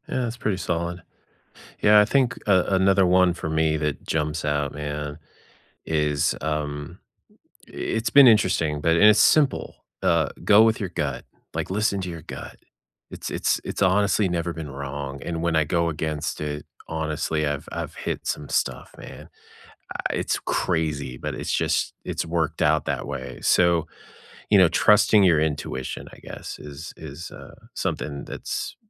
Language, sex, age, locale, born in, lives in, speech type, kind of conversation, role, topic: English, male, 50-54, en-US, United States, United States, conversation, unstructured, none, What’s a conversation you can’t forget, and how did it shape you?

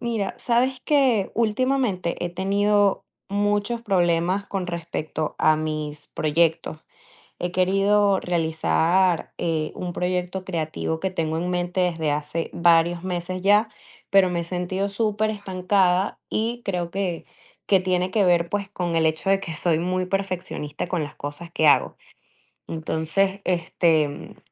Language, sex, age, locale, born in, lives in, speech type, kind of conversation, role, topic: Spanish, female, 20-24, es-VE, Venezuela, United States, conversation, advice, user, ¿De qué manera el perfeccionismo te impide terminar tus proyectos creativos y te lleva a rehacerlos una y otra vez?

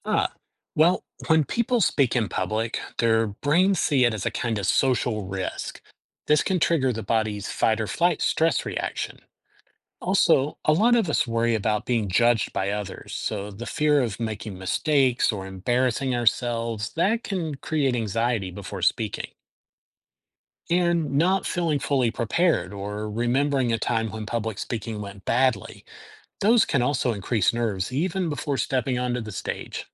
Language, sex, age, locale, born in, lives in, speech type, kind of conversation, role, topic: English, male, 50-54, en-US, United States, United States, read, expert-assistant, expert, Why do people feel nervous before public speaking?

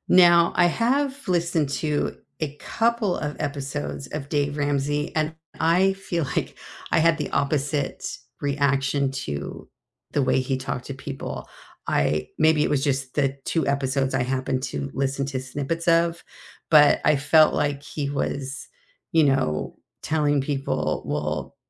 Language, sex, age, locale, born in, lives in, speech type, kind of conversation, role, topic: English, female, 60-64, en-US, United States, United States, conversation, unstructured, none, What simple money habit helps your workdays feel calmer, and how did you make it stick?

